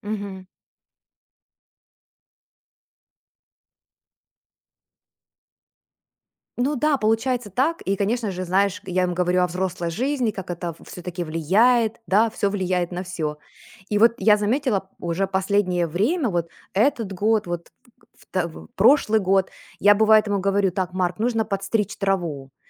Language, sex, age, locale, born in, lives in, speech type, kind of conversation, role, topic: Russian, female, 40-44, ru-UA, Ukraine, United States, conversation, podcast, guest, Как вы объясняете детям свои моральные ценности?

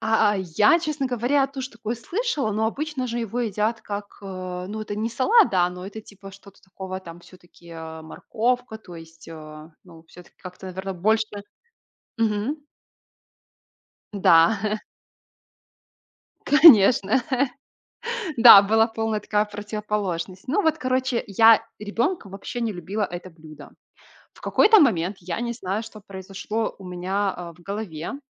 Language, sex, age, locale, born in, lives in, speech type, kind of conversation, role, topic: Russian, female, 25-29, ru-RU, Belarus, Italy, conversation, podcast, guest, Какое блюдо всегда поднимает вам настроение и почему?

